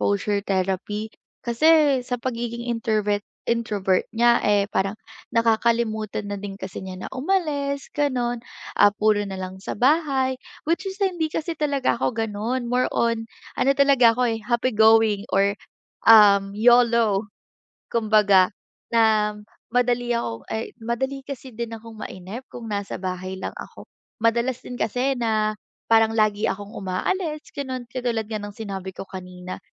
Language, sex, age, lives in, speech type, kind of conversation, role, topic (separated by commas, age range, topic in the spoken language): Filipino, female, 20-24, Philippines, conversation, advice, user, Paano ako makakahanap ng oras para sa sarili nang hindi nasasaktan ang aming relasyon?